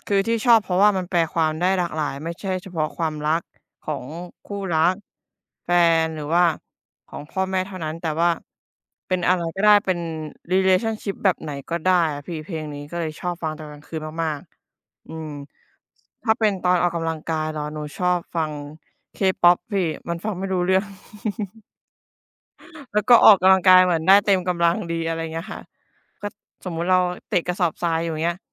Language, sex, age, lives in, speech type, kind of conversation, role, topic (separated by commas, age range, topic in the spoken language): Thai, female, 25-29, Thailand, conversation, unstructured, none, เพลงแนวไหนที่ฟังแล้วทำให้คุณรู้สึกดี?